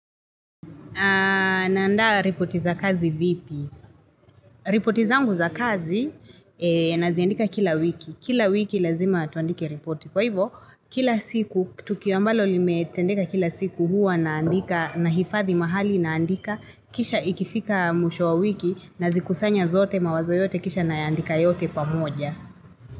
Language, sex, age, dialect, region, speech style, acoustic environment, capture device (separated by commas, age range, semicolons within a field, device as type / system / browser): Swahili, female, 25-34, Kenya - Nairobi Sheng-influenced, Kenya, relaxed, traffic, mobile / Linux / Chrome